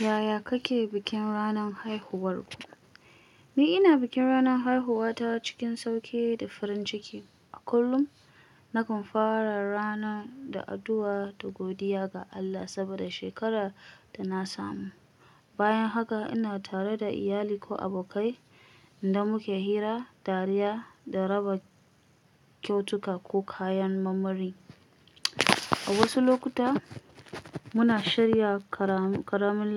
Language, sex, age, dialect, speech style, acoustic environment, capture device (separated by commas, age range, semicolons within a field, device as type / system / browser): Hausa, female, 25-34, Nigeria - Kano, stressed; anxious, silence, mobile / Linux / Chrome